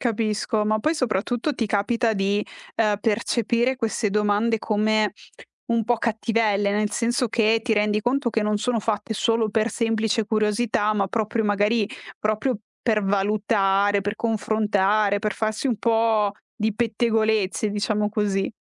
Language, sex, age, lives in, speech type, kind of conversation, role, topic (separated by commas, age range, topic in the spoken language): Italian, female, 25-29, Italy, conversation, advice, advisor, Come posso iniziare a parlare di soldi con il mio partner senza sentirmi in imbarazzo?